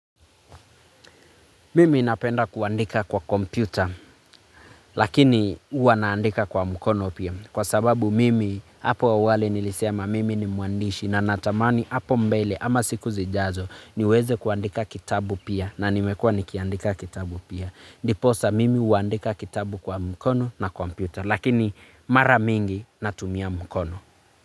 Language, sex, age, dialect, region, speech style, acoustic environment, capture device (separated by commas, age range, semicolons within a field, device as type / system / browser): Swahili, male, 25-34, Kenya - Nairobi Sheng-influenced, Kenya, focused, nature, mobile / Linux / Chrome